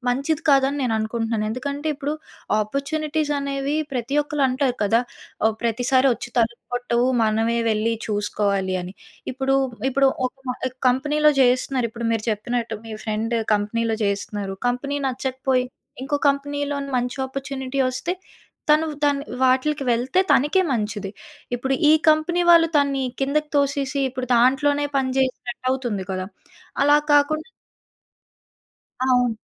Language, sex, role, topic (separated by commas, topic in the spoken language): Telugu, female, guest, వ్యక్తిగత గోప్యత గురించి మీ అభిప్రాయం ఏమిటి?